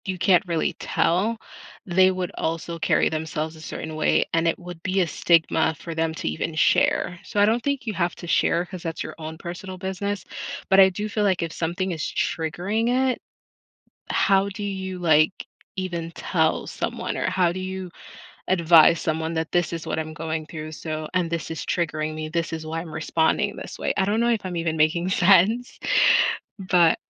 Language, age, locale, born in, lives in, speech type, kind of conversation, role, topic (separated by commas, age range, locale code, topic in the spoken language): English, 35-39, en-US, United States, United States, conversation, unstructured, none, How can we create a more open and supportive conversation about mental health?